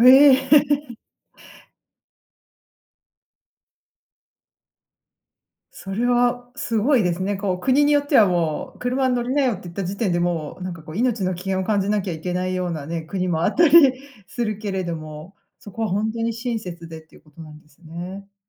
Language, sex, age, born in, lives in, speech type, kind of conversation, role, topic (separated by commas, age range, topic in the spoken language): Japanese, female, 40-44, Japan, United States, conversation, podcast, host, 旅先で出会った親切な人の話を聞かせてもらえますか？